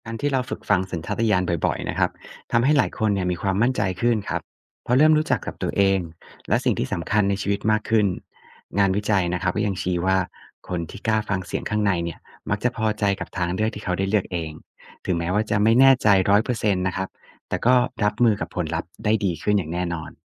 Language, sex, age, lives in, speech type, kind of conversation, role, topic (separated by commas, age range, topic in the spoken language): Thai, male, 35-39, Thailand, read, expert-assistant, expert, เวลาตัดสินใจใหญ่ ๆ แล้วกลัวผิดพลาด ควรคุยกับตัวเองยังไงให้มั่นใจขึ้น?